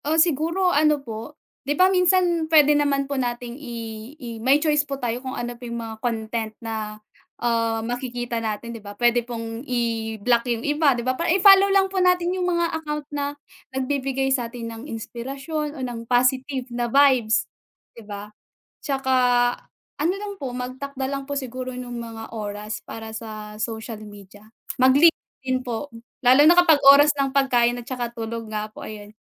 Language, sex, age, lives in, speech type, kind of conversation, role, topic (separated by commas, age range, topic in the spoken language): Filipino, female, 20-24, Philippines, conversation, unstructured, none, Paano nakaaapekto ang social media sa ating pang-araw-araw na buhay?